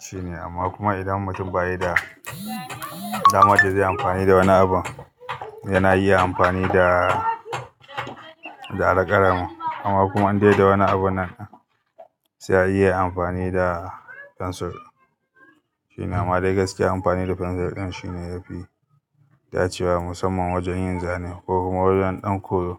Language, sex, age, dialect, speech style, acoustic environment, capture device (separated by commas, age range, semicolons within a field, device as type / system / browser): Hausa, male, 35-44, Nigeria - Kano, happy, people talking, mobile / Linux / Chrome